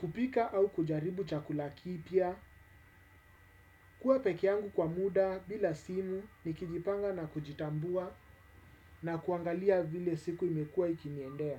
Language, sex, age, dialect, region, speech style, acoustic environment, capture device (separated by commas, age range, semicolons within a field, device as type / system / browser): Swahili, male, 35-44, Kenya - Nairobi Sheng-influenced, Kenya, focused, silence, desktop / Windows / Chrome